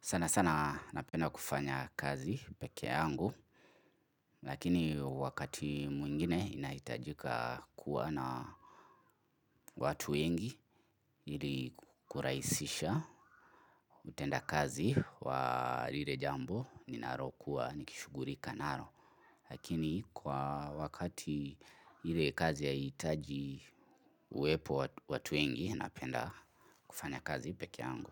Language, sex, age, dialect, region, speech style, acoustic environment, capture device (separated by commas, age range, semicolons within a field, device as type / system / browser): Swahili, male, 25-34, Kenya - Nairobi Sheng-influenced, Kenya, focused, silence, mobile / Linux / Chrome